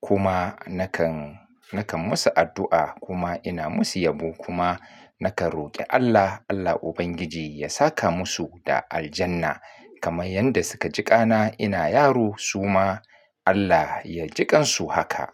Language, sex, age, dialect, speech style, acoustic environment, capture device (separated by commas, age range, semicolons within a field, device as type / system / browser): Hausa, male, 35-44, Nigeria - Kano, focused, nature, mobile / Linux / Chrome